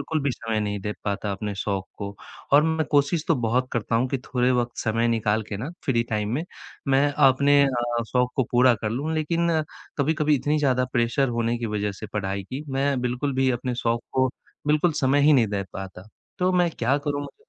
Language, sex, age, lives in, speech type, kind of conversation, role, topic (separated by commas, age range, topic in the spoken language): Hindi, male, 20-24, India, conversation, advice, user, मैं अपने शौक के लिए समय कैसे निकाल सकता/सकती हूँ?